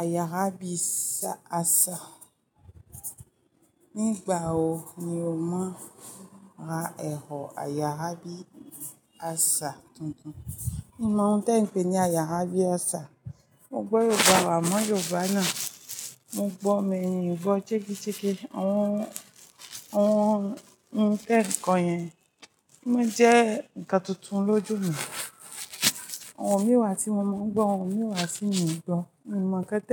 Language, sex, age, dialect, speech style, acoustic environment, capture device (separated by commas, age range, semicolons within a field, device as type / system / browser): Yoruba, female, 25-34, Nigeria - Ijebu, happy; focused, people talking; music; nature, mobile / Linux / Chrome